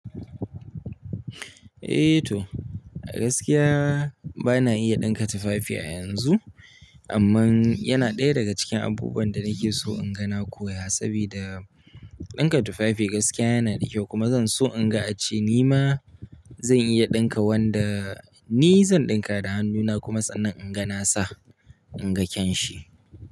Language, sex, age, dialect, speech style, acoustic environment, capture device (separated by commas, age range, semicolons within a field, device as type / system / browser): Hausa, male, 18-24, Nigeria - Kano, happy, appliances, mobile / Linux / Chrome